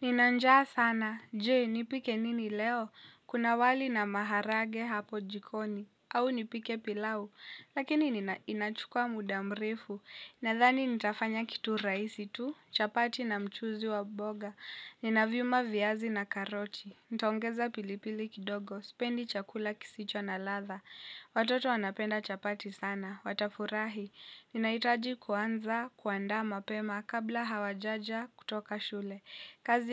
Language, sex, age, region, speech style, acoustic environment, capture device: Swahili, female, 18-24, Kenya, happy; relaxed, people talking; silence; nature, mobile / Linux / Chrome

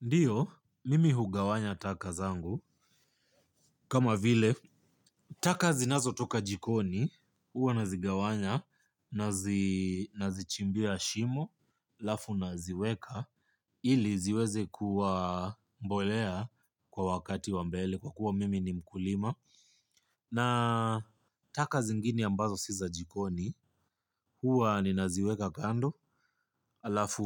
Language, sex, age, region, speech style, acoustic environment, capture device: Swahili, male, 25-34, Kenya, relaxed, nature, mobile / Linux / Chrome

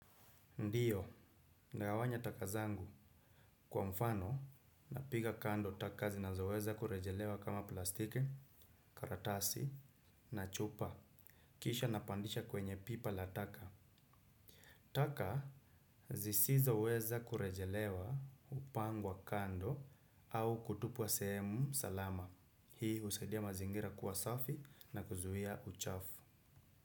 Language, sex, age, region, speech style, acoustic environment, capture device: Swahili, male, 25-34, Kenya, relaxed, silence, desktop / Windows / Chrome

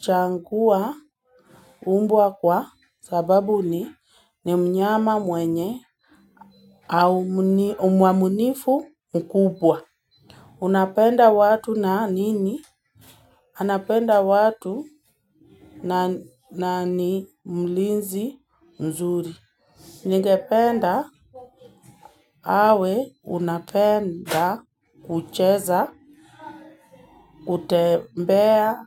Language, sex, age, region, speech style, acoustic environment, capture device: Swahili, female, 18-24, Kenya, focused; excited, nature, mobile / Linux / Chrome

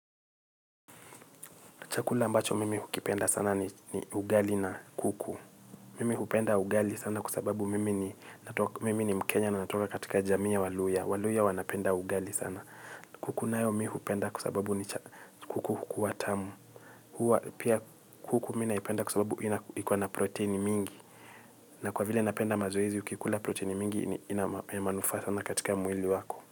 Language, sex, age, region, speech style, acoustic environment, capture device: Swahili, male, 25-34, Kenya, excited, silence, mobile / Linux / Chrome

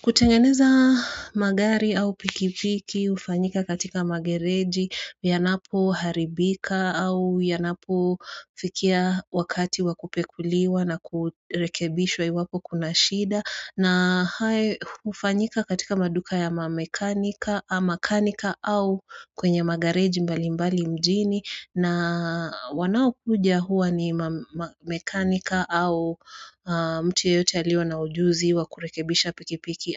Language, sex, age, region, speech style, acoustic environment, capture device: Swahili, female, 35-44, Kenya, relaxed, silence, mobile / Android / Chrome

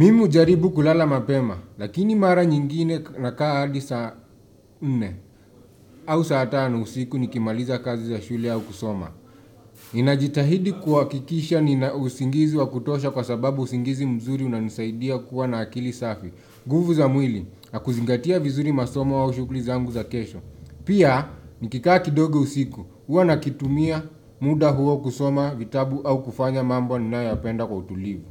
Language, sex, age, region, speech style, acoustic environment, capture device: Swahili, male, 25-34, Kenya, focused; happy; relaxed, silence, desktop / Windows / Chrome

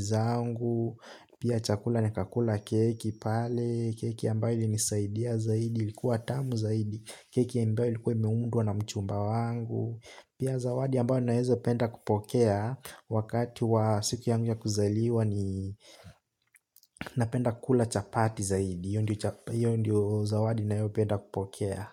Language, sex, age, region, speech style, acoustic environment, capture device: Swahili, male, 18-24, Kenya, relaxed, nature; silence, desktop / Linux / Chrome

